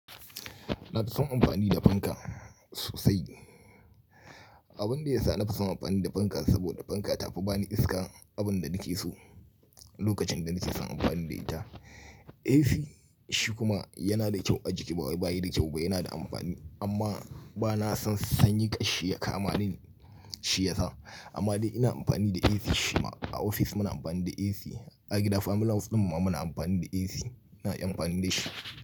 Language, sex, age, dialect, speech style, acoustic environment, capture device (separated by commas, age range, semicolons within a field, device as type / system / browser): Hausa, male, 35-44, Nigeria - Kano, happy; tired, people talking; nature, mobile / Linux / Chrome